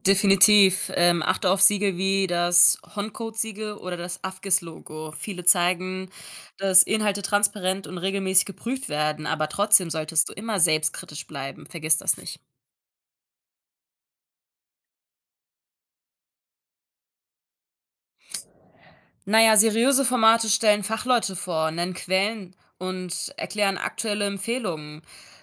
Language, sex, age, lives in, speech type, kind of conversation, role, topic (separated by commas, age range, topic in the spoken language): German, female, 20-24, Germany, read, expert-assistant, expert, Woran erkenne ich verlässliche Informationen zur Herzgesundheit?